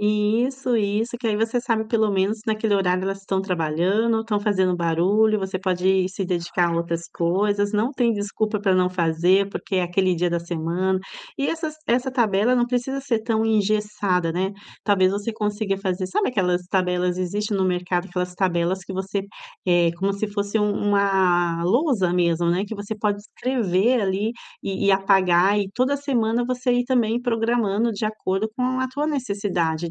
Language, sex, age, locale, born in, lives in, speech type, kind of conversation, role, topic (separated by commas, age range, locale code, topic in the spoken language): Portuguese, female, 45-49, pt-BR, Brazil, Italy, conversation, advice, advisor, Como posso criar rotinas diárias que diminuam a fadiga decisória em decisões importantes?